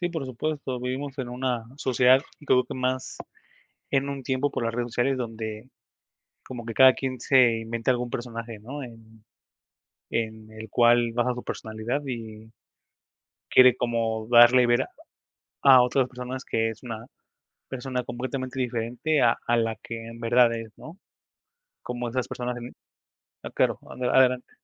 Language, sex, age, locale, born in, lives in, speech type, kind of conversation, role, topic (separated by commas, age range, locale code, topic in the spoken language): Spanish, male, 25-29, es-MX, Mexico, Mexico, conversation, unstructured, none, ¿Qué es lo que más te da miedo al comenzar una nueva relación?